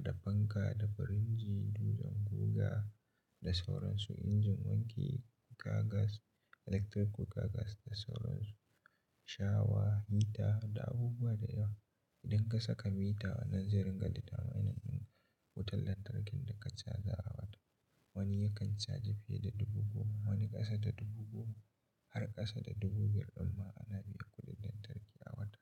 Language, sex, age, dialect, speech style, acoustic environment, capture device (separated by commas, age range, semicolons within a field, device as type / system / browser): Hausa, male, 18-24, Nigeria - Katsina, happy, silence, mobile / Linux / Chrome